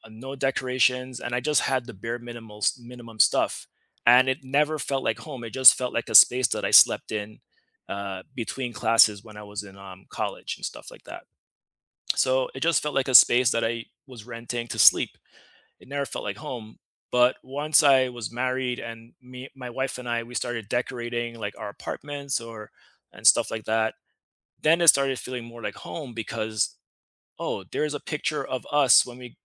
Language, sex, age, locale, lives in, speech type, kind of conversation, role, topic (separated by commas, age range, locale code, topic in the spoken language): English, male, 35-39, en-US, United States, conversation, unstructured, none, How has your sense of home evolved from childhood rooms to the spaces you choose now?